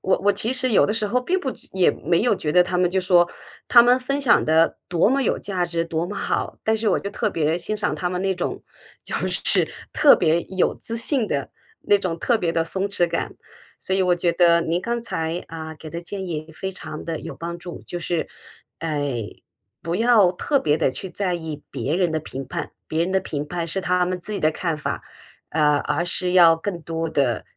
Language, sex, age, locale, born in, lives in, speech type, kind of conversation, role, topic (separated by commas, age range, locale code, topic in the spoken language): Chinese, female, 50-54, zh-CN, China, United States, conversation, advice, user, 在社交场合总是害怕被评判或被人注视，我该怎么办？